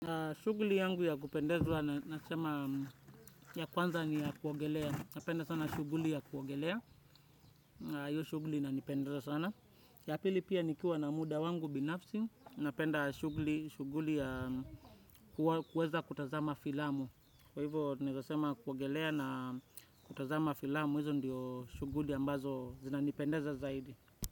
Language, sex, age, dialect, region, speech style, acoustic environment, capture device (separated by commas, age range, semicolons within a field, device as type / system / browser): Swahili, male, 25-34, Kenya - Nairobi Sheng-influenced, Kenya, relaxed; focused, nature, desktop / Windows / Chrome